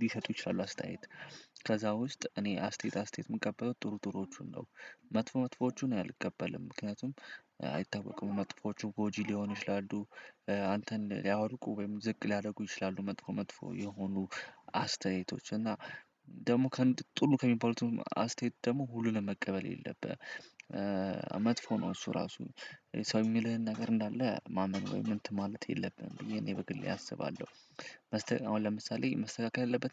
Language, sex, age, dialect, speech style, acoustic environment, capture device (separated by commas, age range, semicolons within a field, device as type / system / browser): Amharic, male, 18-24, Ethiopia - Addis Ababa, happy, silence, desktop / Windows / Chrome